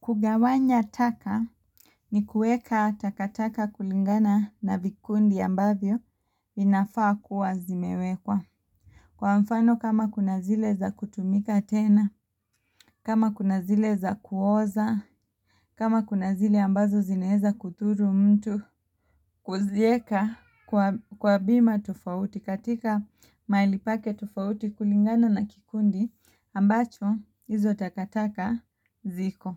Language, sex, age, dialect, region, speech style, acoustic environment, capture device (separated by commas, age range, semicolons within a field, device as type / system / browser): Swahili, female, 25-34, Kenya - Mombasa, Kenya, happy, silence, mobile / Linux / Chrome